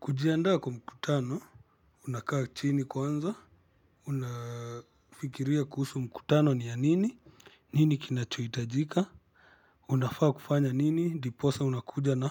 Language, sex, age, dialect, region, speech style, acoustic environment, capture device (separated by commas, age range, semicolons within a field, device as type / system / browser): Swahili, male, 25-34, Kenya - Kitui, Kenya, happy; focused, traffic, mobile / Linux / Chrome